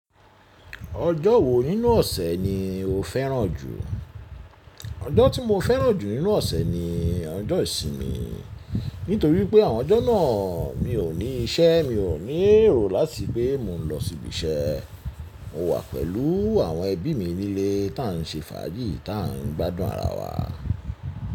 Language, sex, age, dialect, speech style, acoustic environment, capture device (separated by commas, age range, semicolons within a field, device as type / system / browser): Yoruba, male, 35-44, Nigeria - Lagos Yoruba, relaxed, silence, mobile / Linux / Chrome